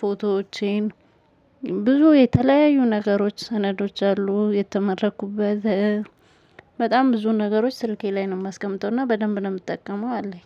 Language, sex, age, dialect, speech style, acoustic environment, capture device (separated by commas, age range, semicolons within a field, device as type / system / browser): Amharic, male, 35-44, Ethiopia - Addis Ababa, happy, silence, mobile / Linux / Chrome